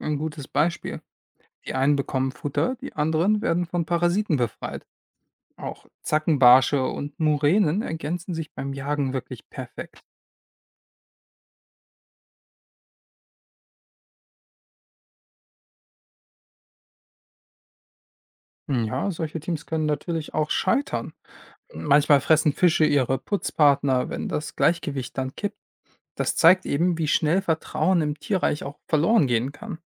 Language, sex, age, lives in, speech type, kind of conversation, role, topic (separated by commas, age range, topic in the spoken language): German, male, 25-29, Germany, read, expert-assistant, expert, Kennst du eine Situation im Ozean, die ähnlich abläuft wie ein Teamprojekt bei der Arbeit?